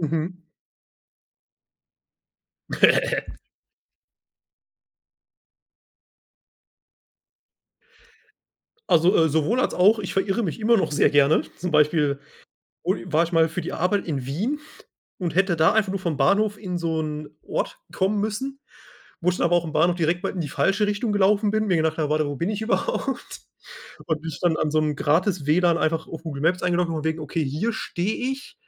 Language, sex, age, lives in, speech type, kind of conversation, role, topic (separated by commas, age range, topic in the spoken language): German, male, 25-29, Germany, conversation, podcast, guest, Kannst du von einer Zeit erzählen, in der du dich verirrt hast?